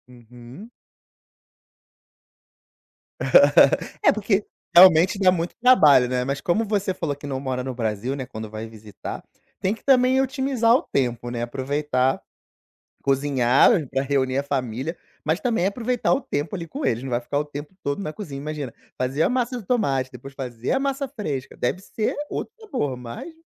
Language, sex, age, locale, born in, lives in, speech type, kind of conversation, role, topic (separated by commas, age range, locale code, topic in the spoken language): Portuguese, male, 35-39, pt-BR, Brazil, Portugal, conversation, podcast, host, Qual prato sempre reúne sua família nos encontros?